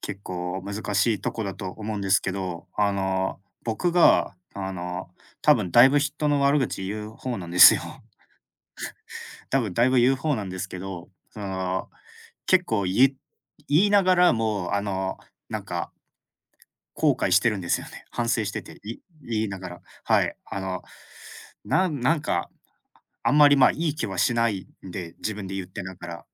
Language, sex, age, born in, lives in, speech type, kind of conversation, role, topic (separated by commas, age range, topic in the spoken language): Japanese, male, 25-29, Japan, Japan, conversation, unstructured, none, 人の悪口を言ってしまったことはありますか？そのとき、どう思いましたか？